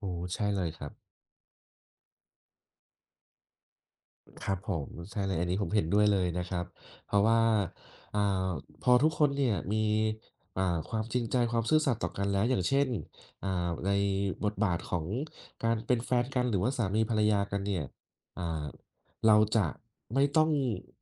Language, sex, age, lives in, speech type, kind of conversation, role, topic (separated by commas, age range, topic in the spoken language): Thai, male, 30-34, Thailand, conversation, unstructured, none, คุณคิดว่าสิ่งสำคัญที่สุดในความสัมพันธ์คืออะไร?